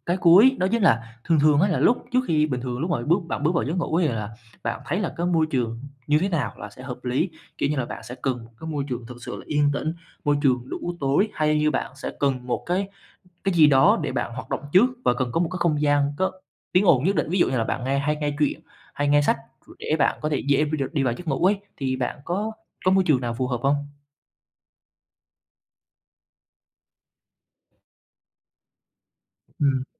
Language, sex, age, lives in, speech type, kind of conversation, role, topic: Vietnamese, male, 20-24, Vietnam, conversation, advice, advisor, Bạn thường dùng điện thoại bao lâu trước khi ngủ và điều đó có khiến bạn khó ngủ ngon không?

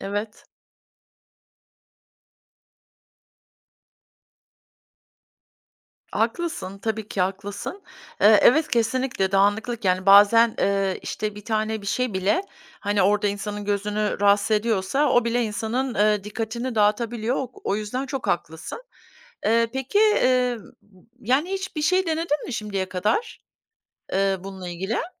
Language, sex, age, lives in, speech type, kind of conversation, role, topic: Turkish, female, 50-54, United States, conversation, advice, advisor, Çalışma alanımdaki düzensizlik ve dağınıklık odaklanmamı engelliyorsa bunu nasıl düzeltebilirim?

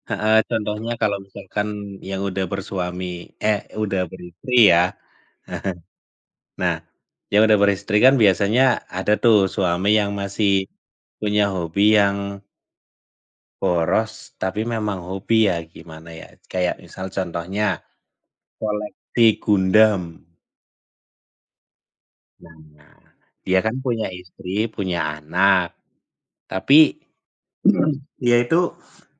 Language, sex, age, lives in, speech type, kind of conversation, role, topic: Indonesian, male, 30-34, Indonesia, conversation, unstructured, none, Bagaimana sebaiknya kita menyikapi hobi seseorang yang justru merugikan orang lain?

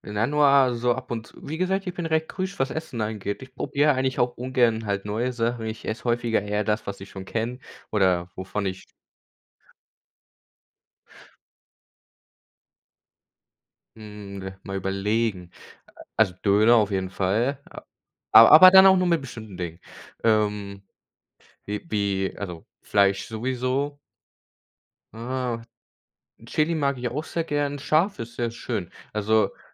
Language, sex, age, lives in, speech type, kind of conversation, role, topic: German, male, 18-19, Germany, conversation, unstructured, none, Was ist dein bester Tipp, um beim Kochen Zeit zu sparen?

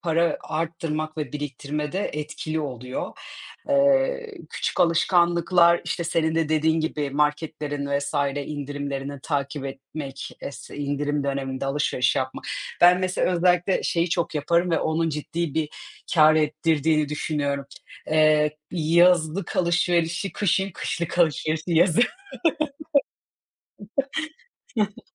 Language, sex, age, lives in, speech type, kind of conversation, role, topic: Turkish, female, 30-34, Portugal, conversation, unstructured, none, Para biriktirmek için günlük hayatında yaptığın küçük değişiklikler nelerdir?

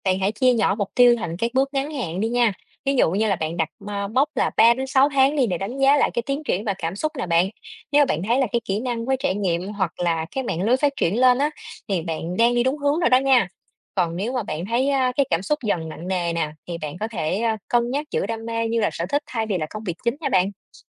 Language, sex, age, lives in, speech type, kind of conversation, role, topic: Vietnamese, female, 30-34, Vietnam, read, expert-assistant, expert, Làm thế nào để xác định ưu tiên giữa đam mê cá nhân và ổn định tài chính khi lập kế hoạch nghề nghiệp?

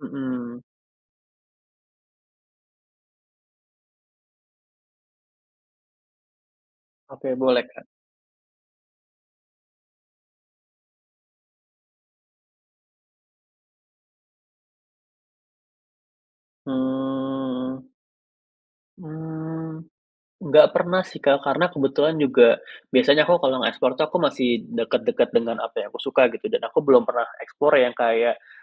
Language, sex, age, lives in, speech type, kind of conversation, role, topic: Indonesian, male, 25-29, Indonesia, conversation, advice, user, Bagaimana cara menyeimbangkan tugas rutin dengan waktu kreatif setiap hari?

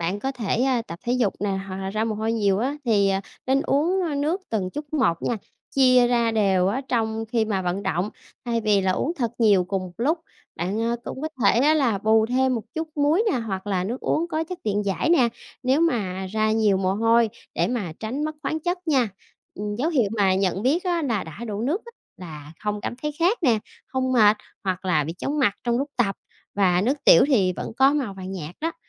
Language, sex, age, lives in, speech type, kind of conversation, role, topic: Vietnamese, female, 30-34, Vietnam, read, expert-assistant, expert, Tại sao khi uống nước nhiều lại đi tiểu nhiều?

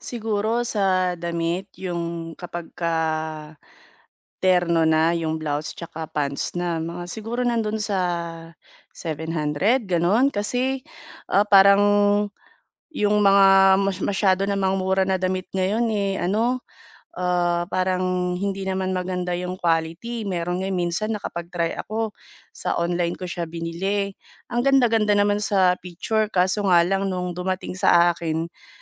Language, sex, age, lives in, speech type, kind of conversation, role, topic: Filipino, female, 30-34, Philippines, conversation, advice, user, Paano ako makakapagdamit nang nakaayos at nasa uso nang hindi nasisira ang badyet ko?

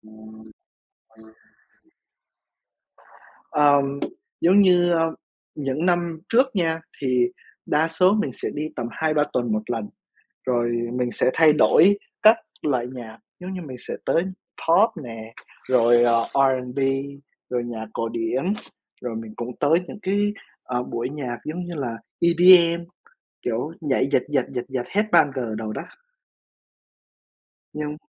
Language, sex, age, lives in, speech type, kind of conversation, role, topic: Vietnamese, male, 20-24, United States, conversation, unstructured, none, Bạn thích nghe nhạc pop hay nhạc cổ điển hơn?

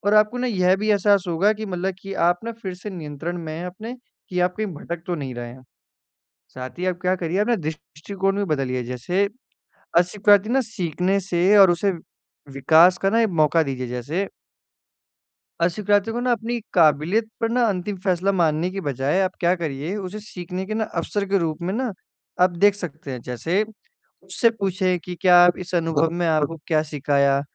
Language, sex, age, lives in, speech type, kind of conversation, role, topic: Hindi, male, 18-19, India, conversation, advice, advisor, अस्वीकृति और नाकामी के बाद मैं कैसे आगे बढ़ सकता/सकती हूँ?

